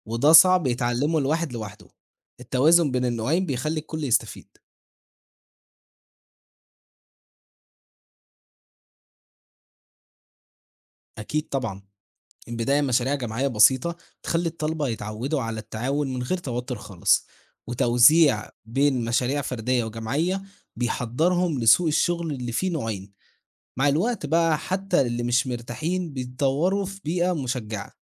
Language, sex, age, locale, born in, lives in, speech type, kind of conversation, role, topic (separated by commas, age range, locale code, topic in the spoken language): Arabic, male, 18-19, ar-EG, Egypt, Egypt, read, expert-assistant, expert, مناهج التعليم القائم على المشروعات ولا المناهج المعتمدة على الحفظ؟ مين فيهم بيساعد الطلبة أكتر في سوق الشغل؟